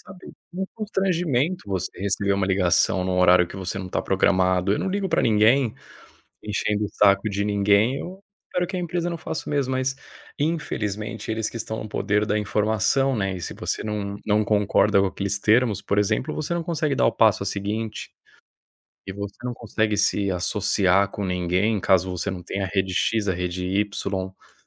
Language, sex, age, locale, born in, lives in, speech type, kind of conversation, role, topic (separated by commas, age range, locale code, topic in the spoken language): Portuguese, male, 30-34, pt-BR, Brazil, Spain, conversation, podcast, guest, Como proteger sua privacidade nas redes sociais, na prática?